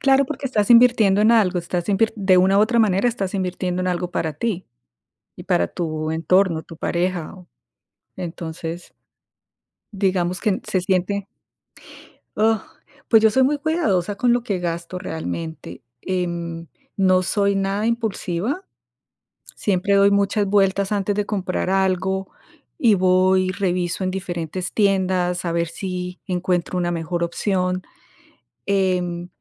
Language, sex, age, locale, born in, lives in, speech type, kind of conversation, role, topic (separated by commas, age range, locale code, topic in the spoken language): Spanish, female, 50-54, es-CO, Colombia, United States, conversation, unstructured, none, ¿Cómo decides cuándo gastar o ahorrar dinero?